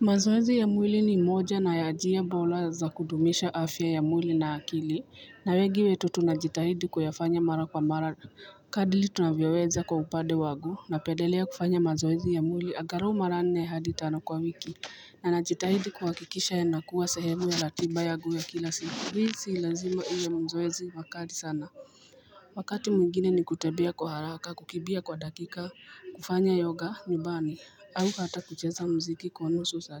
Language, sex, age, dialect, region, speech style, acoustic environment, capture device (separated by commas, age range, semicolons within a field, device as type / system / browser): Swahili, female, 35-44, Kenya - Nairobi Sheng-influenced, Kenya, nervous, people talking, mobile / Linux / Chrome